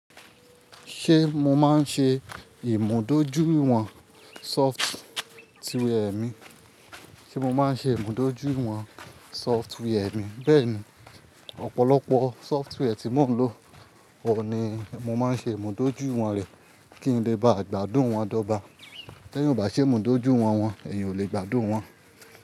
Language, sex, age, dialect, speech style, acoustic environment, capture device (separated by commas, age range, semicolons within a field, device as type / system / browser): Yoruba, male, 25-34, Nigeria - Ibadan, focused, nature, mobile / Linux / Chrome